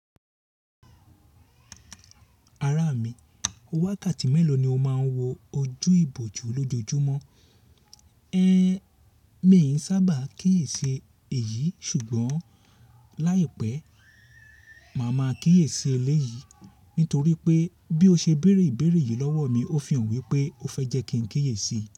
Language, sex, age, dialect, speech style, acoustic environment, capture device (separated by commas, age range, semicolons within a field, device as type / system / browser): Yoruba, male, 35-44, Nigeria - Lagos Yoruba, happy; excited; relaxed; focused, silence, mobile / Linux / Chrome